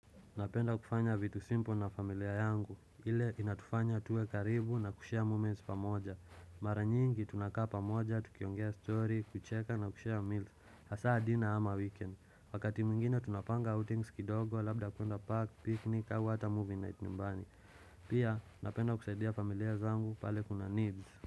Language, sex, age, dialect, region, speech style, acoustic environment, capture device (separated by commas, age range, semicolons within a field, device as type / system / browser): Swahili, male, 25-34, Kenya - Nairobi Sheng-influenced, Kenya, relaxed, silence, desktop / Windows / Chrome